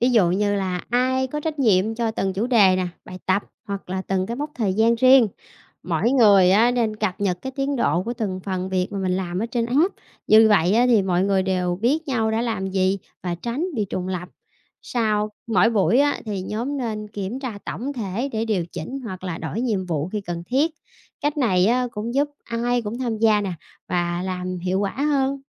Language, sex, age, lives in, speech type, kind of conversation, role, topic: Vietnamese, female, 30-34, Vietnam, read, expert-assistant, expert, Vai trò của việc đặt mục tiêu trong học tập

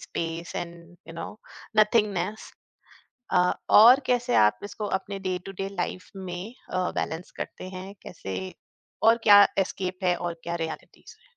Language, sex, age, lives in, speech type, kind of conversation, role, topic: Hindi, female, 45-49, India, conversation, podcast, host, Escape aur reality ke beech tum balance kaise banate ho?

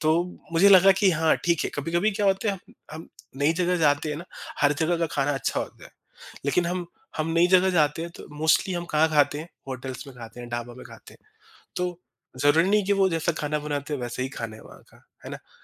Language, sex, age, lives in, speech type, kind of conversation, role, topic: Hindi, male, 30-34, India, conversation, podcast, guest, Ghar chhodkar nayi city mein shift hone ka experience kaisa tha?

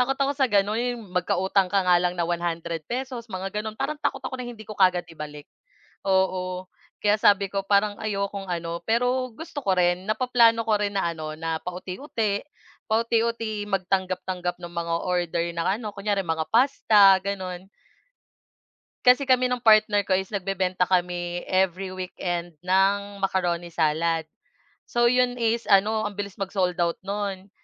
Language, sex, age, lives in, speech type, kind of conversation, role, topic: Filipino, female, 25-29, Philippines, conversation, unstructured, none, Ano ang mga pangarap mo sa hinaharap, at bakit?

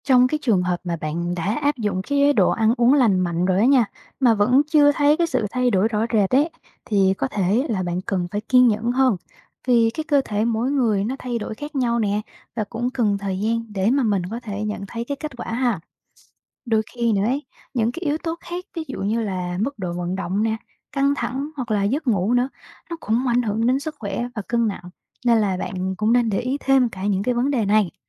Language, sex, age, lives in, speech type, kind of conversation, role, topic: Vietnamese, female, 25-29, Vietnam, read, expert-assistant, expert, Lợi ích của việc duy trì thói quen ăn uống lành mạnh là gì?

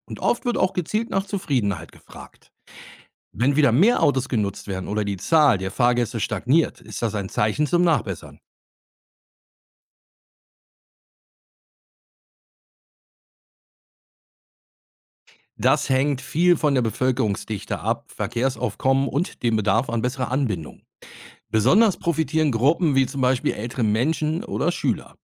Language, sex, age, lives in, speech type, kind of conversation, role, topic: German, male, 50-54, Germany, read, expert-assistant, expert, Was spricht dafür und dagegen, dass der Staat mehr Geld in den öffentlichen Nahverkehr investiert?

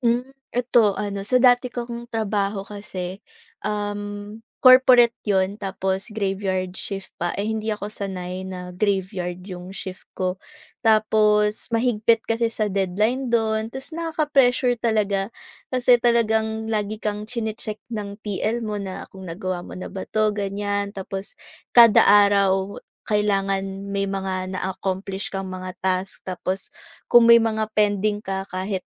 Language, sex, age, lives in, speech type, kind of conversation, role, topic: Filipino, female, 20-24, Philippines, conversation, podcast, guest, Anong simpleng gawain ang nagpapalakas sa iyo kapag nai-stress ka?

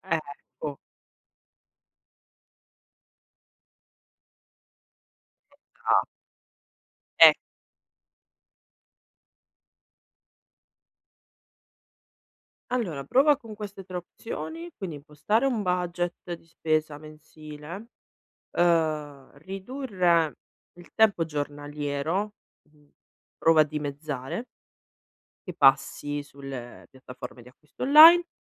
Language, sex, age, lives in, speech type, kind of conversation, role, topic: Italian, female, 35-39, Italy, conversation, advice, advisor, Come posso evitare acquisti impulsivi e non sprecare soldi quando faccio shopping?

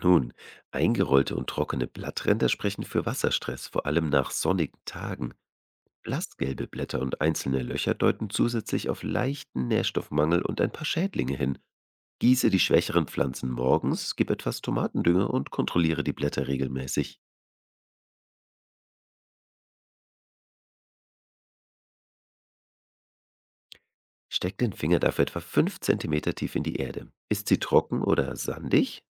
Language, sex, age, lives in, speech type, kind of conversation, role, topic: German, male, 35-39, Germany, read, expert-assistant, expert, Wie kann ich leicht herausfinden, was meine Pflanzen wirklich brauchen, um gut zu wachsen?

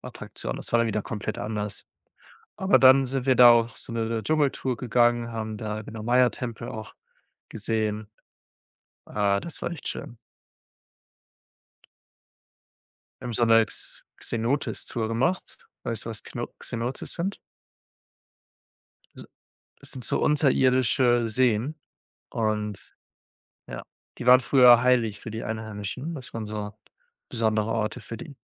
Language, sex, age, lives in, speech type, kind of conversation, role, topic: German, male, 25-29, Germany, conversation, unstructured, none, Welche Vorteile hat es, neue Länder zu entdecken?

